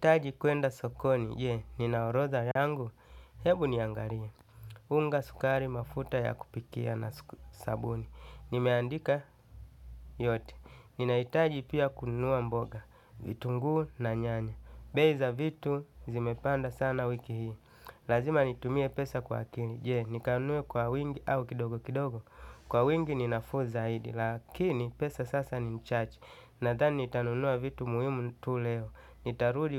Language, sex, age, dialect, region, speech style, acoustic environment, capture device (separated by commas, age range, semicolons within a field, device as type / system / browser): Swahili, male, 35-44, Tanzania - Dar es Salaam, Tanzania, happy, nature, desktop / Linux / Chrome